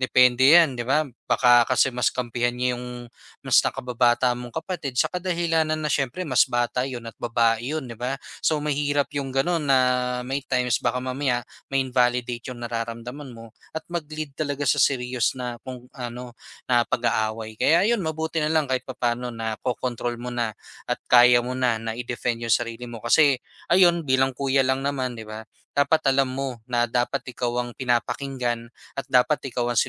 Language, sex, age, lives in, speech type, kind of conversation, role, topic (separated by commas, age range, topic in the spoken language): Filipino, male, 25-29, Philippines, conversation, advice, advisor, Paano ko mapapabuti ang komunikasyon namin ng kapatid ko at maiwasan ang hindi pagkakaunawaan?